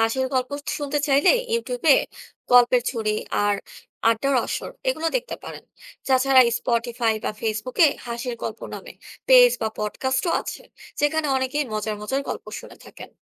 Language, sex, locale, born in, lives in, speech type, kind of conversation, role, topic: Bengali, female, bn-BD, Bangladesh, Bangladesh, read, expert-assistant, expert, বাংলায় মানুষ কীভাবে কথা বলে, সেটা নিয়ে মজার বা চিন্তাভাবনার গল্প কোথায় পড়া যায়?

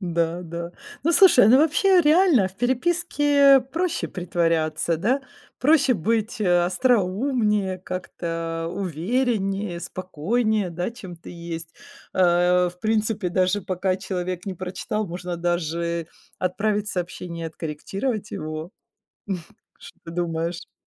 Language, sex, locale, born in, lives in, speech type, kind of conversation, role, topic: Russian, female, ru-RU, Ukraine, Italy, conversation, podcast, host, Как текстовые сообщения могут искажать смысл?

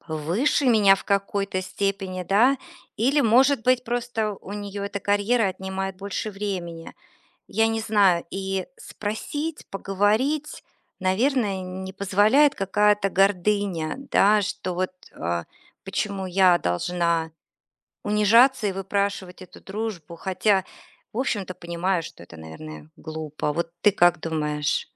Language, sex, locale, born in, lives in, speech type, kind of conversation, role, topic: Russian, female, ru-RU, Russia, United States, conversation, advice, user, Как справиться с тем, что друг отдалился после больших жизненных изменений?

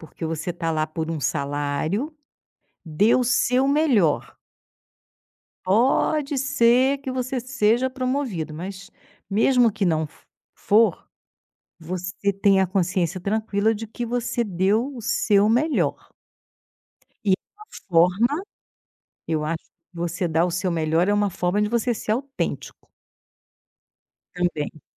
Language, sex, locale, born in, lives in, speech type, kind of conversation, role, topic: Portuguese, female, pt-BR, Brazil, Portugal, conversation, podcast, guest, Em que situações você se sente mais autêntico no trabalho?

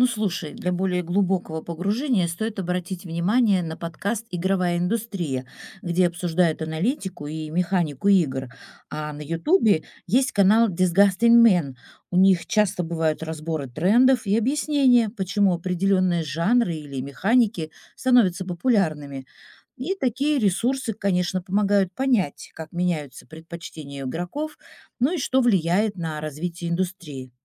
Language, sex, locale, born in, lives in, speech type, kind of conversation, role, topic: Russian, female, ru-RU, Russia, Italy, read, expert-assistant, expert, Какие подкасты или ютуб-каналы про игры реально стоит слушать и почему?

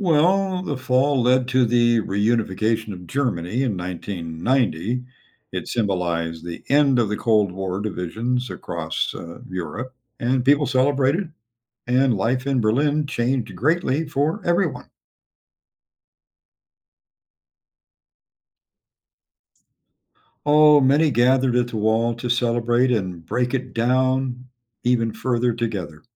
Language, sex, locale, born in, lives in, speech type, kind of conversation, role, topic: English, male, en-US, United States, United States, read, expert-assistant, expert, What was the significance of the Berlin Wall?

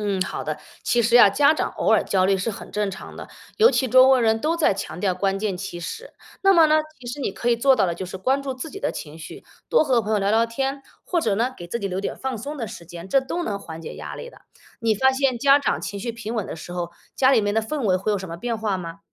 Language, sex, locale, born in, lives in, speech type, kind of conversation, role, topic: Chinese, female, zh-CN, China, United States, read, expert-assistant, expert, 常听说“3岁看大，7岁看老”，这种说法在儿童成长中真的有科学依据吗？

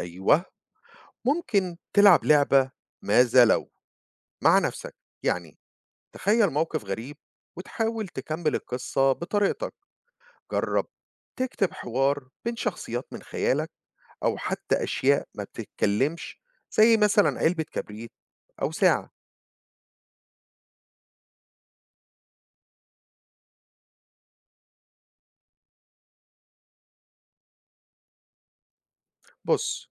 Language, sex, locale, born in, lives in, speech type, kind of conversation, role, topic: Arabic, male, ar-EG, Egypt, United States, read, expert-assistant, expert, كيف يمكن تطوير مهارات الكتابة الإبداعية؟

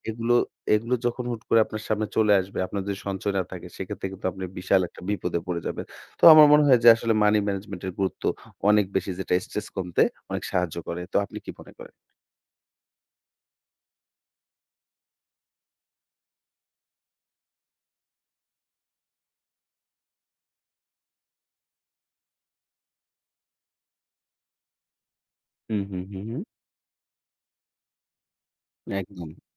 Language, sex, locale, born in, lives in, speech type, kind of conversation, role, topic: Bengali, female, bn-BD, Bangladesh, Bangladesh, conversation, unstructured, none, টাকা নিয়ে মানসিক চাপ কমানোর উপায় কি আপনার জানা আছে?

